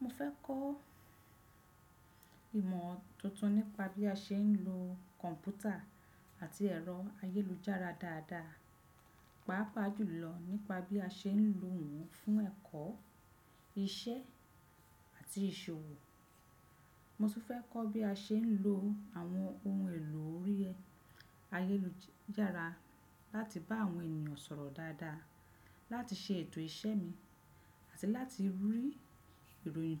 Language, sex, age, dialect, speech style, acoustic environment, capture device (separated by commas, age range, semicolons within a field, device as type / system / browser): Yoruba, female, 35-44, Nigeria - Lagos Yoruba, relaxed, nature, desktop / Windows / Chrome